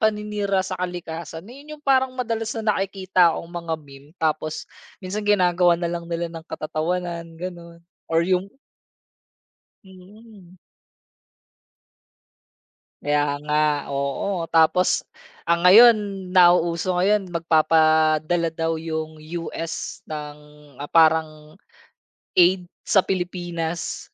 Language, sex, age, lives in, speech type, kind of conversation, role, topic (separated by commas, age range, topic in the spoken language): Filipino, male, 30-34, Philippines, conversation, podcast, guest, Bakit sa tingin mo nagiging viral ang isang meme?